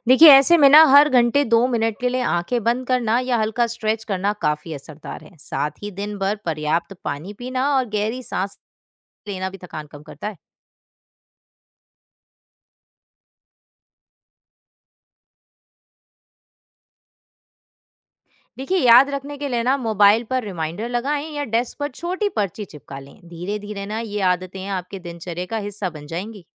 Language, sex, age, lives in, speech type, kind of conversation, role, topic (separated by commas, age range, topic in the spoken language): Hindi, female, 20-24, India, read, expert-assistant, expert, तनाव से राहत पाने के लिए लोग अपनी दिनचर्या में कौन-कौन सी चीज़ें जोड़ सकते हैं, और उन्हें सीखने का सबसे अच्छा तरीका क्या है?